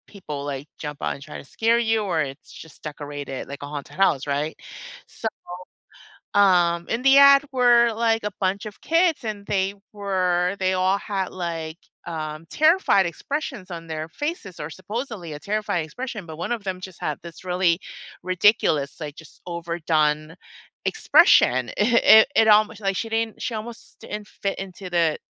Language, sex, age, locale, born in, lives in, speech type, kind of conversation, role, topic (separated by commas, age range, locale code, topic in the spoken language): English, female, 45-49, en-US, United States, United States, conversation, unstructured, none, Which photo on your phone has a good story behind it?